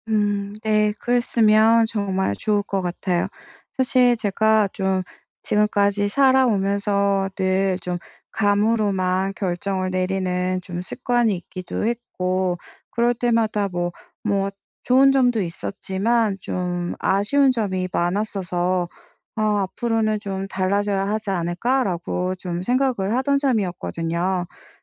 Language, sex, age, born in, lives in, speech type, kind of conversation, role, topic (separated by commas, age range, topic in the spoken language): Korean, female, 40-44, South Korea, France, conversation, advice, user, 중요한 결정을 할 때 이성과 직관의 균형을 어떻게 잡을 수 있을까요?